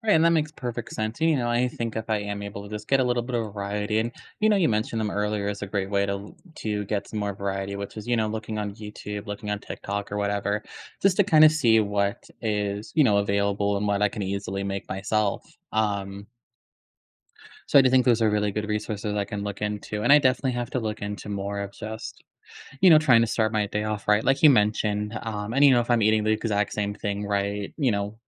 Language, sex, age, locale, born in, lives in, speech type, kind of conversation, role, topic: English, male, 25-29, en-US, United States, United States, conversation, advice, user, How can I manage overwhelming daily responsibilities without burning out?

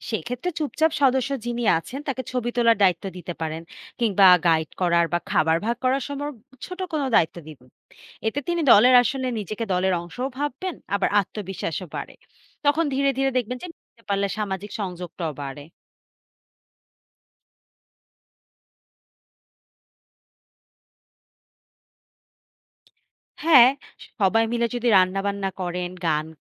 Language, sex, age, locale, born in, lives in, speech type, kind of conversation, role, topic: Bengali, female, 25-29, bn-BD, Bangladesh, Bangladesh, read, expert-assistant, expert, পর্যটনের জন্য পাহাড়ে ট্রেকিং বনাম সমুদ্রতীরে জলক্রীড়া—কোনটি বেছে নিলে বেশি আনন্দ ও স্বাস্থ্য উপকারিতা মিলবে?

